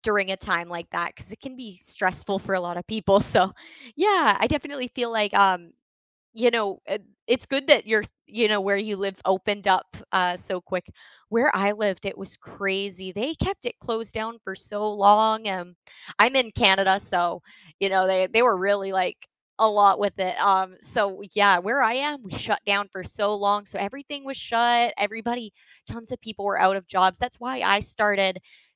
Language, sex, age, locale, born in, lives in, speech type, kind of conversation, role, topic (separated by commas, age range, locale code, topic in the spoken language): English, female, 20-24, en-CA, Canada, Canada, conversation, unstructured, none, What unexpected acts of kindness have you experienced or given?